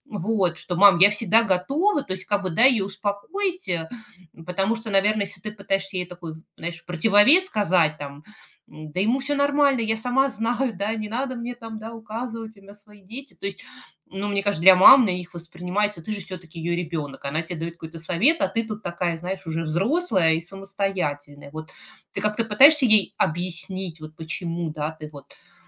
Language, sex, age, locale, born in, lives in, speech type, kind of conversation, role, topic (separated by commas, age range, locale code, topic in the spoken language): Russian, female, 40-44, ru-RU, Russia, United States, conversation, advice, advisor, Как нам прийти к согласию в вопросах дисциплины детей?